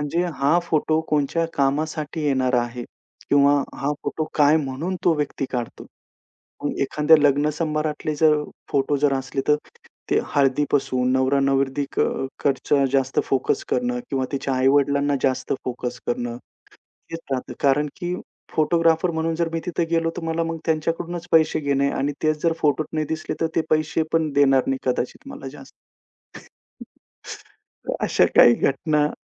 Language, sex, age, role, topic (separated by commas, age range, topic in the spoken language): Marathi, male, 35-39, guest, फोटोग्राफी करताना तुम्ही कशाकडे लक्ष देता?